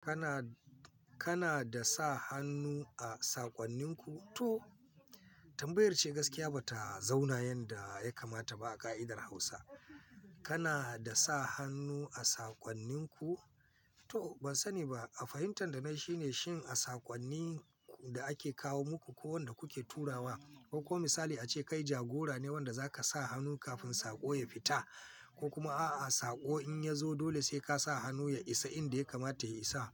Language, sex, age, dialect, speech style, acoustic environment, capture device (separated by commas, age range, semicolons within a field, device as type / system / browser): Hausa, male, 45-54, Nigeria - Kano, focused, people talking, mobile / Linux / Chrome